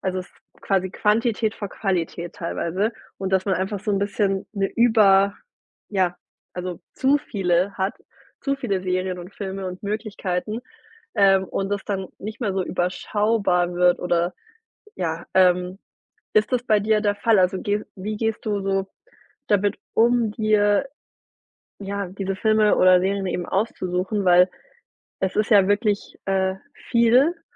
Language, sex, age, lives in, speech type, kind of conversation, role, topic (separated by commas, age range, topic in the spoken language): German, female, 30-34, Germany, conversation, podcast, host, Wie hat Streaming dein Filmverhalten ganz ehrlich verändert?